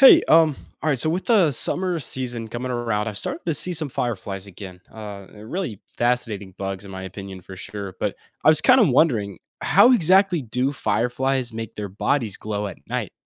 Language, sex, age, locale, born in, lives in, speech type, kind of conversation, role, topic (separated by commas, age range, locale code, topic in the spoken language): English, male, 18-19, en-US, United States, United States, read, expert-assistant, asker, How do fireflies produce light?